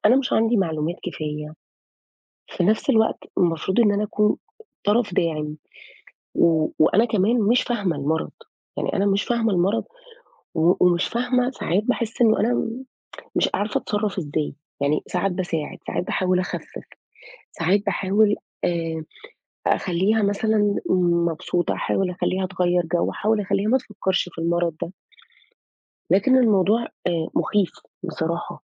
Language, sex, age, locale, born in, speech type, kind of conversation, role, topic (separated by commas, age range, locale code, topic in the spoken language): Arabic, female, 35-39, ar-EG, Saudi Arabia, conversation, advice, user, إزاي ضغط رعاية مريض أو حد من العيلة بيخليك تحس بتوتر؟